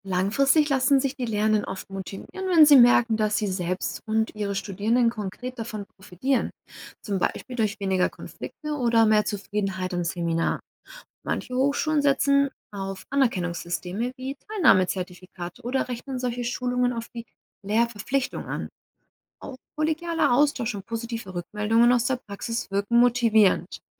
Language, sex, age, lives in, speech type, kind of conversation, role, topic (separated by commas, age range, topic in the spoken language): German, female, 60-64, Germany, read, expert-assistant, expert, Wie würde sich unser Alltag verändern, wenn psychologische Beratung so selbstverständlich wäre wie ein Zahnarztbesuch?